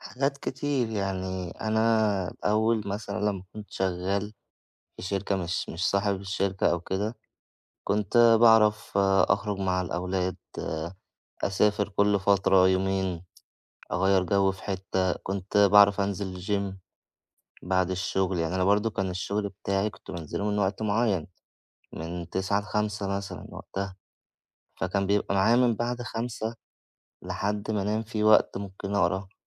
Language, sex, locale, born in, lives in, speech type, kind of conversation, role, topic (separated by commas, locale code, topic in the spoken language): Arabic, male, ar-EG, Egypt, Egypt, conversation, advice, user, إزاي أوازن بين شغلي وحياتي الشخصية كرائد أعمال؟